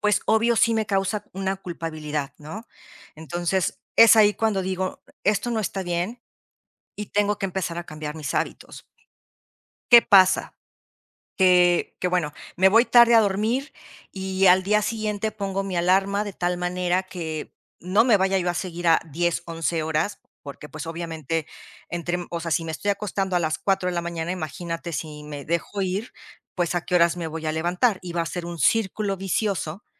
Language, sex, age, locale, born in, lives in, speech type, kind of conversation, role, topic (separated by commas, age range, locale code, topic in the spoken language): Spanish, female, 50-54, es-MX, Mexico, Mexico, conversation, podcast, guest, ¿Qué pequeño paso recomendarías dar hoy para empezar a cambiar?